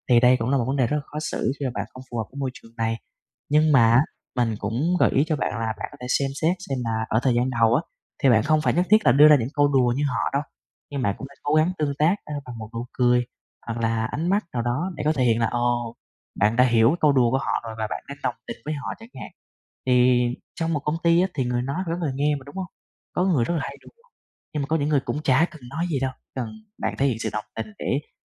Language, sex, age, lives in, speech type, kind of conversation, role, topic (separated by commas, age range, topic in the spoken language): Vietnamese, male, 20-24, Vietnam, conversation, advice, advisor, Làm sao để thích nghi với môi trường mới mà vẫn giữ được bản ngã thật của mình?